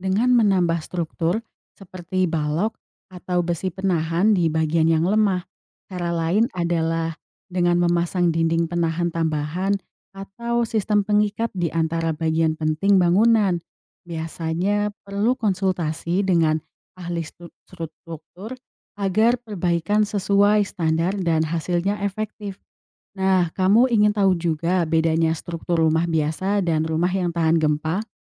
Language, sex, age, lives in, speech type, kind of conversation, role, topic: Indonesian, female, 20-24, Indonesia, read, expert-assistant, expert, Apa yang menyebabkan gempa bumi terjadi?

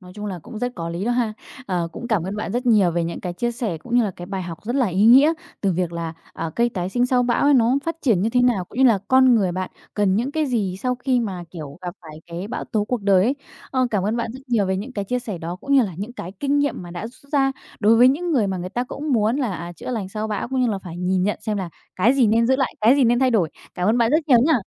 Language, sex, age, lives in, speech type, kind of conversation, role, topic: Vietnamese, female, 20-24, Vietnam, conversation, podcast, host, Bạn rút ra bài học gì từ cách cây cối tái sinh sau bão?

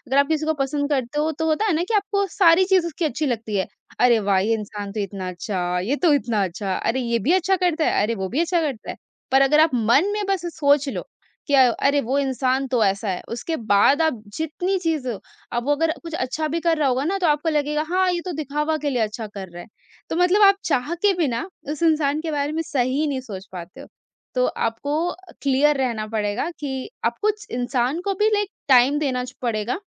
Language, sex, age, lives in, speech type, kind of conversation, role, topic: Hindi, female, 45-49, India, conversation, podcast, guest, Close family mein kisi jhagde ko aap shanti se kaise suljhate ho?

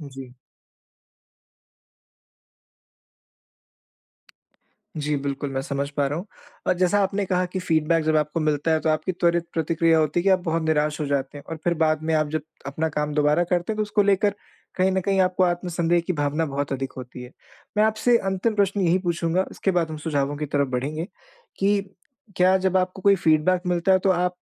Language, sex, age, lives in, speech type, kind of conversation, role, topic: Hindi, male, 25-29, India, conversation, advice, advisor, मैं नकारात्मक प्रतिक्रिया से सीखकर उसमें छिपे मूल्य को कैसे पहचान सकता/सकती हूँ?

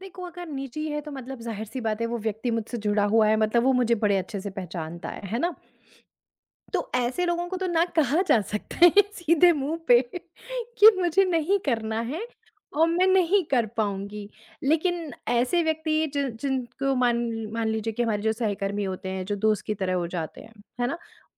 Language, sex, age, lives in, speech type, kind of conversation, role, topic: Hindi, female, 35-39, India, conversation, podcast, guest, आप बातचीत में 'ना' कब और कैसे कहते हैं?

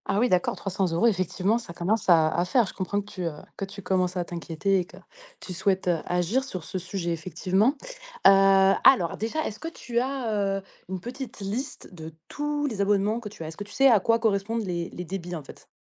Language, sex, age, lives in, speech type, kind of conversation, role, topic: French, female, 30-34, France, conversation, advice, advisor, Quels abonnements mensuels oubliés s’accumulent et comment pouvez-vous les gérer ?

